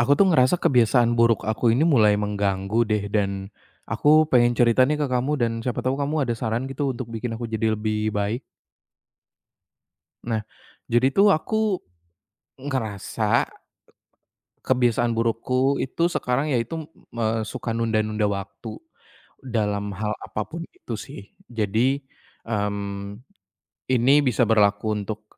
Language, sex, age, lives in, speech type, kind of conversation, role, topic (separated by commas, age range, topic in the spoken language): Indonesian, male, 25-29, Indonesia, conversation, advice, user, Bagaimana cara mengganti kebiasaan buruk dengan kebiasaan yang lebih sehat?